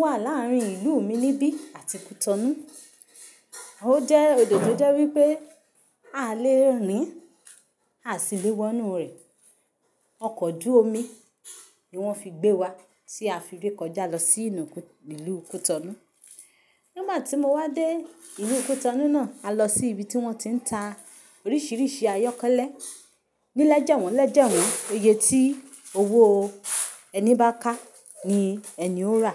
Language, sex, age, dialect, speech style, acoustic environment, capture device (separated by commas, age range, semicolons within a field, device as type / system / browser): Yoruba, female, 25-34, Nigeria - Ibadan, happy; relaxed; focused; excited, silence, mobile / Linux / Chrome